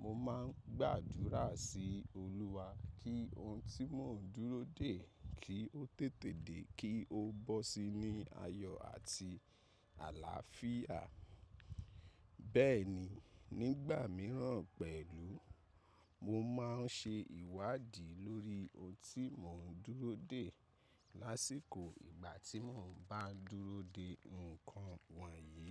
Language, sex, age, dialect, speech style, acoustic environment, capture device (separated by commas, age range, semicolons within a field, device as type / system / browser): Yoruba, male, 25-34, Nigeria - Ibadan, happy; excited, nature; silence, mobile / macOS / Safari